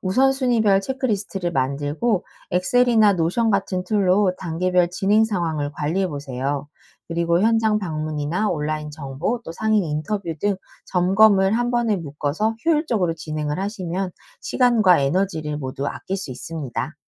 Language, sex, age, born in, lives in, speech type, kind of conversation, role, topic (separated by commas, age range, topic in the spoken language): Korean, female, 40-44, South Korea, United States, read, expert-assistant, expert, 창업 성공 사례를 인터넷에서 봤을 때, 어떻게 진짜인지 확인할 수 있을까요?